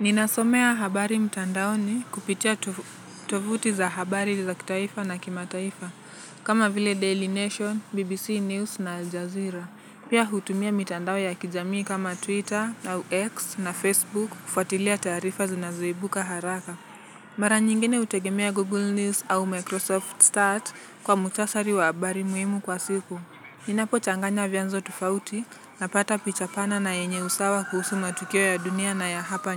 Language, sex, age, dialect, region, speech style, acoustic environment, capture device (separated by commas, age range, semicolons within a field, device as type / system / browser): Swahili, male, 18-24, Kenya - Nairobi Sheng-influenced, Kenya, relaxed, nature; traffic, mobile / Linux / Chrome